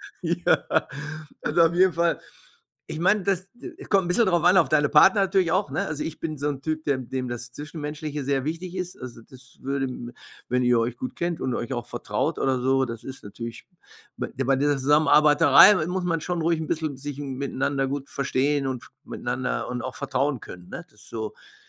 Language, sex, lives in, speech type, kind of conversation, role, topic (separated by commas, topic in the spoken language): German, male, Germany, conversation, advice, advisor, Wie entscheide ich zwischen einem sicheren Job und dem Risiko, meinen Karriereweg zu verändern?